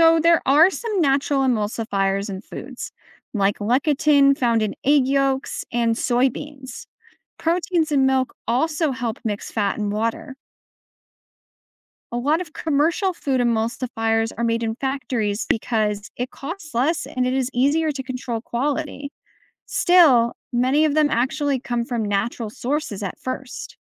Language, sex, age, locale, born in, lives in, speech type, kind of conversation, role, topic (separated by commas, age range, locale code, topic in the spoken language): English, female, 25-29, en-US, United States, United States, read, expert-assistant, expert, Why do some liquids not mix together?